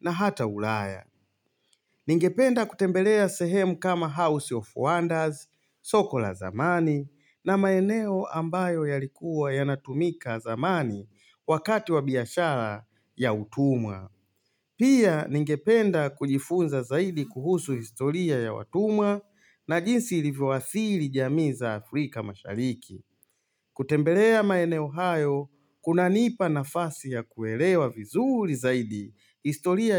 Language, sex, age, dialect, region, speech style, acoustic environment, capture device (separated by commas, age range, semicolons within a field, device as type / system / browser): Swahili, male, 35-44, Tanzania - Dar es Salaam, Tanzania, happy; relaxed; focused; excited, silence, mobile / Linux / Chrome